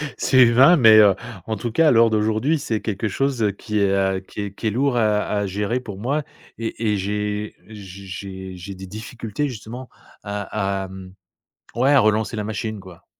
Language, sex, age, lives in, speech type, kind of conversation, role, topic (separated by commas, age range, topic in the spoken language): French, male, 50-54, France, conversation, advice, user, Comment décririez-vous votre procrastination sur un projet important dont la date limite approche ?